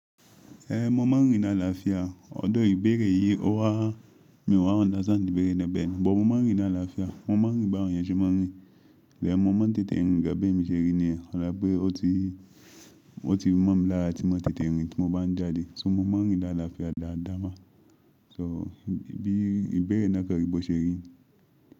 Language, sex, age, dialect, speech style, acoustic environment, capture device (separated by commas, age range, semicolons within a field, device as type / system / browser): Yoruba, male, 25-34, Nigeria - Ibadan, happy, silence, mobile / Linux / Chrome